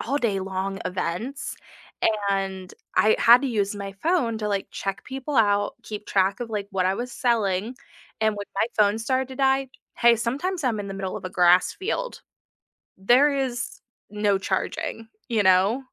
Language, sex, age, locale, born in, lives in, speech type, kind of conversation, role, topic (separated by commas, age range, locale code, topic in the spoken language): English, female, 25-29, en-US, United States, United States, conversation, unstructured, none, What portable chargers or power banks have actually saved you in everyday use, and when do they fall short?